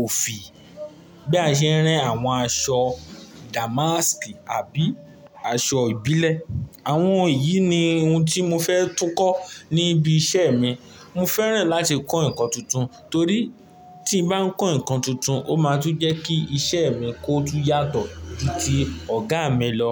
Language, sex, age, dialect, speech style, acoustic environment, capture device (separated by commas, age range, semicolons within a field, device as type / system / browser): Yoruba, male, 18-24, Nigeria - Ibadan, happy, nature, mobile / Linux / Chrome